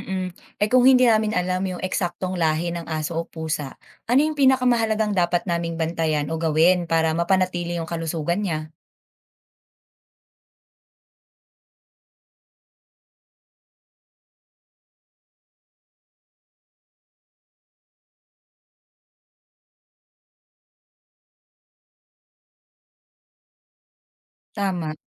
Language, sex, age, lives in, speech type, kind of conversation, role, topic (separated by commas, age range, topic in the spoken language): Filipino, female, 25-29, Philippines, read, expert-assistant, asker, Ano ang ibig sabihin ng 'purebred' at 'mixed breed' pagdating sa mga aso at pusa, at paano ito nakakaapekto sa kanilang ugali at kalusugan?